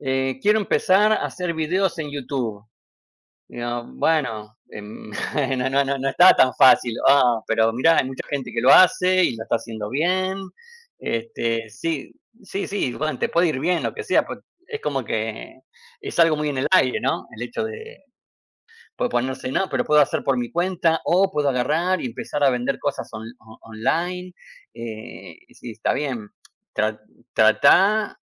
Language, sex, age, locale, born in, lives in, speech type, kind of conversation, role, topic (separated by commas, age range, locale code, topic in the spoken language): Spanish, male, 45-49, es-AR, Argentina, United States, conversation, unstructured, none, ¿Crees que la familia siempre debe apoyarte, pase lo que pase?